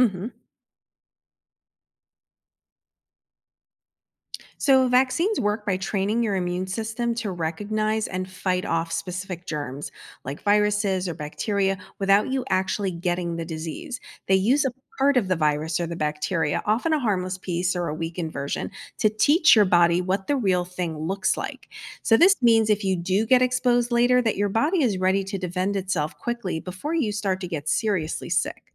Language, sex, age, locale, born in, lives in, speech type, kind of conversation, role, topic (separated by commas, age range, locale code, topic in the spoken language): English, female, 50-54, en-US, United States, United States, read, expert-assistant, expert, How do vaccines protect individuals and communities from disease?